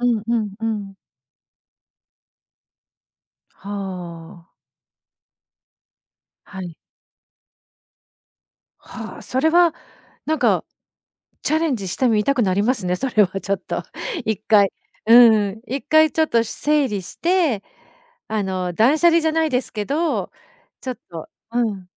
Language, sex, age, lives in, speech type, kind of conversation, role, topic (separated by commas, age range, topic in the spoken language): Japanese, female, 50-54, Japan, conversation, podcast, host, 自分らしいスタイルを見つけるために、最初に何から始めればいいですか？